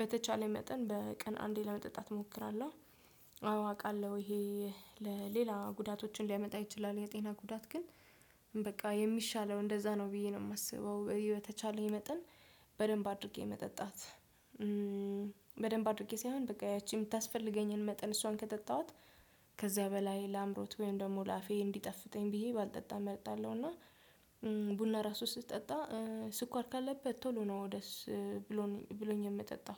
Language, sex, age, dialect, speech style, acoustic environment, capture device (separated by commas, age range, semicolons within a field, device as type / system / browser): Amharic, female, 25-34, Ethiopia - Addis Ababa, relaxed, silence, desktop / Windows / Chrome